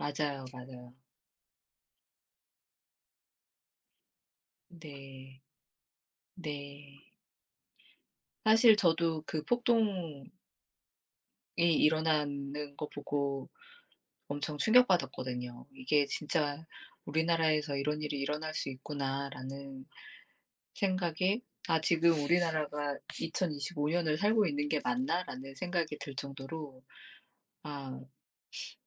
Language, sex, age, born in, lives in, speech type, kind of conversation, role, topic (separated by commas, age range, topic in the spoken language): Korean, female, 40-44, South Korea, United States, conversation, unstructured, none, 요즘 사회 문제 중에서 가장 중요하다고 생각하는 것은 무엇인가요?